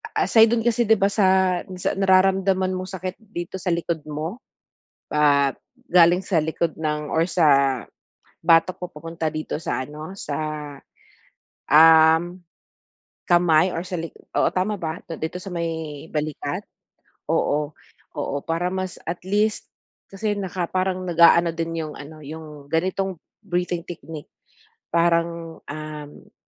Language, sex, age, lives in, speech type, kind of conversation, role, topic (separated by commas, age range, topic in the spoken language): Filipino, female, 40-44, Philippines, conversation, advice, advisor, Ano ang mga simpleng teknik sa paghinga at pagpapahinga para mabawasan ang stress?